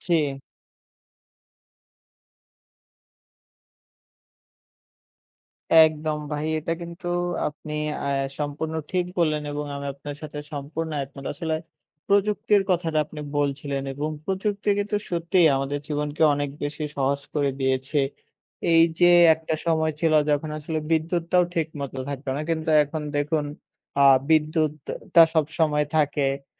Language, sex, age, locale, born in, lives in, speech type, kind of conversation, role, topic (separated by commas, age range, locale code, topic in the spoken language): Bengali, male, 20-24, bn-BD, Bangladesh, Bangladesh, conversation, unstructured, none, প্রযুক্তি কীভাবে আমাদের দৈনন্দিন জীবনকে সহজ করেছে?